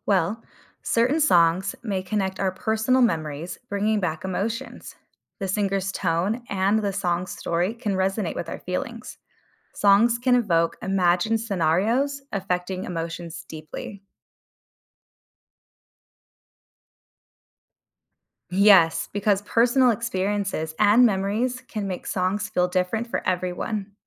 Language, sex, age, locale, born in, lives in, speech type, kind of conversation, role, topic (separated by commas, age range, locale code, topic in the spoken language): English, female, 30-34, en-US, United States, United States, read, expert-assistant, expert, Explain how music influences moods and emotions.